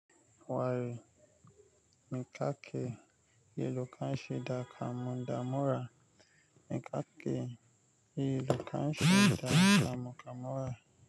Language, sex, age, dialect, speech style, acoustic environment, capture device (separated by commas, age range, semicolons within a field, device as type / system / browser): Hausa, male, 25-34, Nigeria - Nigerian Standard, relaxed, nature, mobile / Linux / Chrome